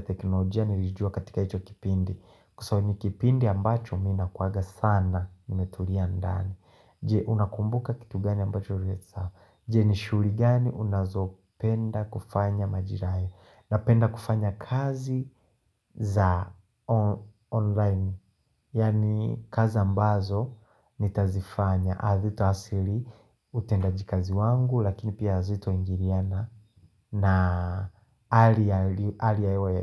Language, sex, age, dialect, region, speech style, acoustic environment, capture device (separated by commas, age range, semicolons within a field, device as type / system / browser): Swahili, male, 25-34, Tanzania - Dar es Salaam, Tanzania, happy, silence, mobile / Linux / Chrome